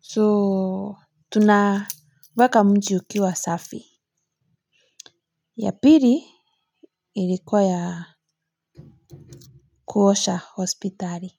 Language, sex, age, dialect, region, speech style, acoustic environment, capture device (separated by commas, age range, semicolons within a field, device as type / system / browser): Swahili, female, 25-34, Kenya - Nairobi Sheng-influenced, Kenya, relaxed; focused, nature, mobile / Linux / Chrome